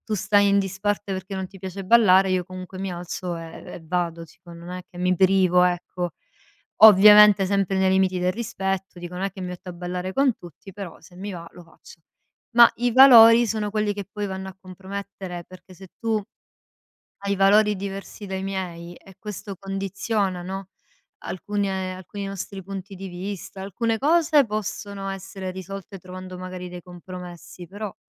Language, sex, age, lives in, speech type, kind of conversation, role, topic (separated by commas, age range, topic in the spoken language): Italian, female, 35-39, Italy, conversation, unstructured, none, Come capisci se una persona è quella giusta per te?